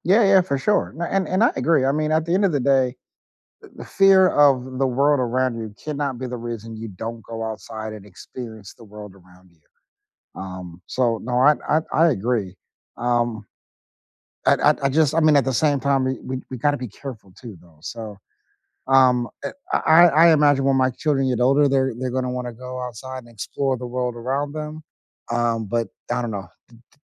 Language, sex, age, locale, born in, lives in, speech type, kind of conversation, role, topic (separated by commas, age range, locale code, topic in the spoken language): English, male, 40-44, en-US, United States, United States, conversation, unstructured, none, Which parks, trails, or quiet outdoor spots help you recharge, and what makes them special to you?